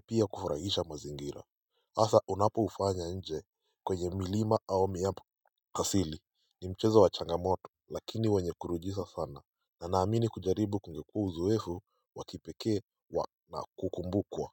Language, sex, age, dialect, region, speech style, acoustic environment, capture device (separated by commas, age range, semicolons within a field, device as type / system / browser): Swahili, male, 18-24, Kenya - Mombasa, Kenya, focused, silence, mobile / Linux / Chrome